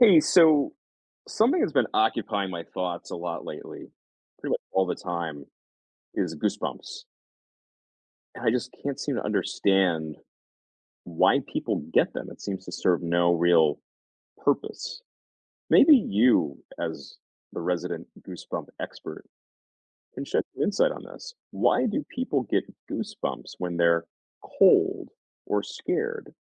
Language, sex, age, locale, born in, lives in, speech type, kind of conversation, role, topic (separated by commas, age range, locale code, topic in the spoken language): English, male, 40-44, en-US, United States, United States, read, expert-assistant, asker, Why do people get goosebumps when cold or scared?